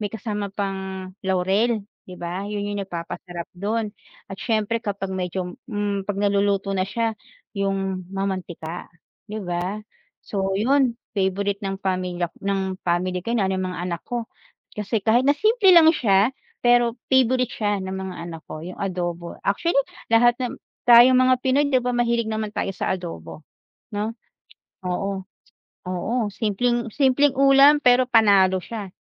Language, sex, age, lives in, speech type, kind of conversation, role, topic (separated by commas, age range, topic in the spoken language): Filipino, female, 40-44, Philippines, conversation, unstructured, none, Paano ka naghahanda ng isang simpleng ulam para sa pamilya?